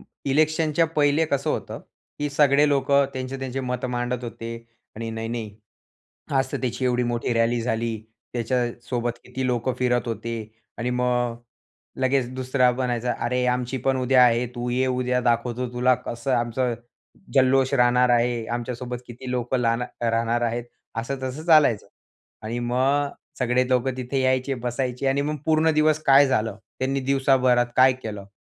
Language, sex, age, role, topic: Marathi, male, 20-24, guest, स्थानिक लोकांबरोबरचा सर्वात संस्मरणीय संवाद कोणता होता?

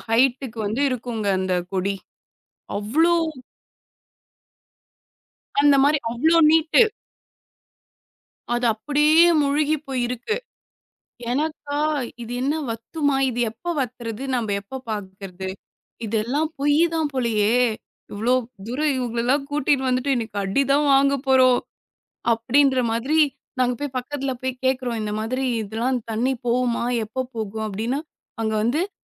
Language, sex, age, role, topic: Tamil, female, 25-29, guest, பயணம் சென்று வந்த உங்கள் அனுபவத்தைப் பகிர முடியுமா?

